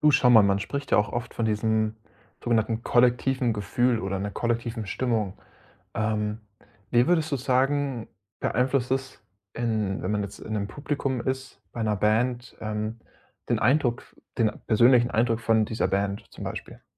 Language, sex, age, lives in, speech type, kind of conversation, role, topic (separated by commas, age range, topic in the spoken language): German, male, 25-29, Germany, conversation, podcast, host, Wie beeinflusst die Stimmung im Publikum deinen Eindruck von einer Band?